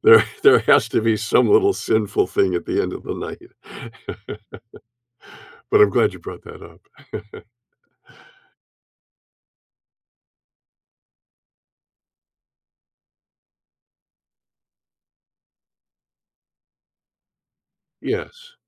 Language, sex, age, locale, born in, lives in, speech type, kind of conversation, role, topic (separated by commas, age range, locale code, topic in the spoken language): English, male, 70-74, en-US, Canada, United States, conversation, advice, user, How can I manage feeling overwhelmed by my daily responsibilities?